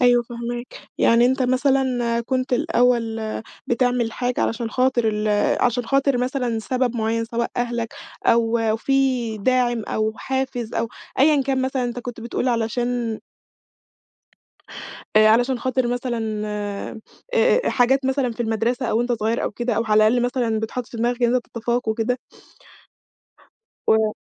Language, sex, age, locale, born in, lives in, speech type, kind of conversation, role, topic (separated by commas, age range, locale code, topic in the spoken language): Arabic, female, 20-24, ar-EG, Egypt, Egypt, conversation, podcast, host, إزاي بتتعامل مع توقعات المجتمع للنجاح؟